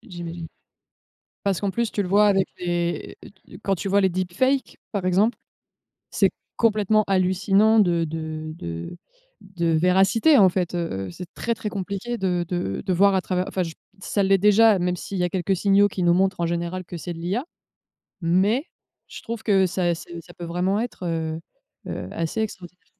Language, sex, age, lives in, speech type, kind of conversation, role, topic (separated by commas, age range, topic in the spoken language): French, female, 30-34, France, conversation, unstructured, none, Avez-vous peur de perdre votre emploi à cause des machines ?